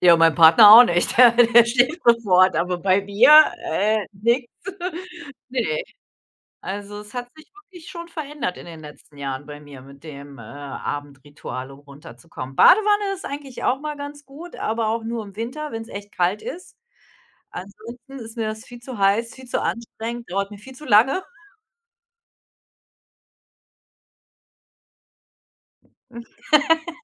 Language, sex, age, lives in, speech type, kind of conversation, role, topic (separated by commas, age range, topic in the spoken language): German, female, 45-49, Germany, conversation, unstructured, none, Was machst du, damit du abends gut runterkommen kannst?